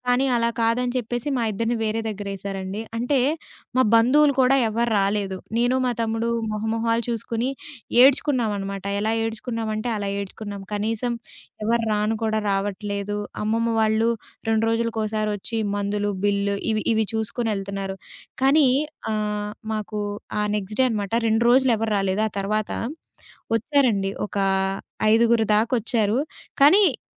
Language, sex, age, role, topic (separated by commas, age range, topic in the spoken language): Telugu, female, 20-24, guest, మీ మద్దతు వలయం గురించి చెప్పగలరా?